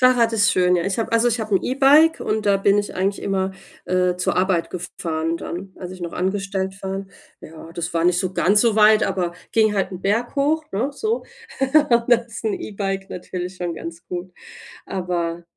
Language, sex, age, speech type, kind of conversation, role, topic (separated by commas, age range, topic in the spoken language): German, female, 40-44, conversation, unstructured, none, Wie bleibst du im Alltag körperlich aktiv?